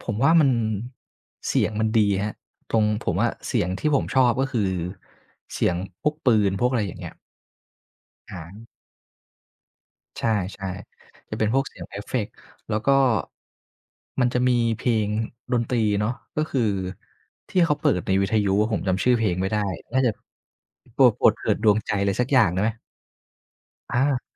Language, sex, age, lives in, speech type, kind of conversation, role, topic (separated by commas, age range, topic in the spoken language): Thai, male, 25-29, Thailand, conversation, podcast, guest, ดนตรีประกอบส่งผลต่อความรู้สึกของผู้ชมในภาพยนตร์อย่างไร?